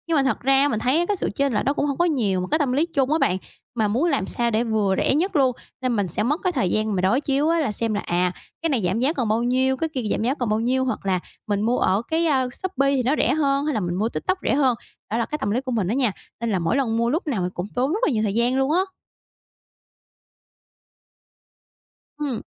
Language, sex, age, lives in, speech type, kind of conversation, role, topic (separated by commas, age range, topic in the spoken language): Vietnamese, female, 25-29, Vietnam, conversation, advice, user, Làm thế nào để mua sắm thông minh mà vẫn tiết kiệm thời gian?